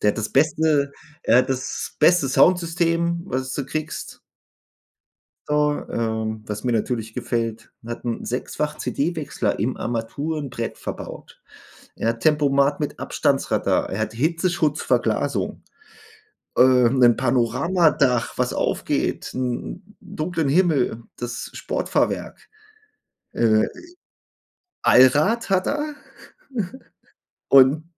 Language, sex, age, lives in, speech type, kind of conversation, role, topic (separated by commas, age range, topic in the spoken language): German, male, 40-44, Germany, conversation, unstructured, none, Wie siehst du die Zukunft von Elektroautos?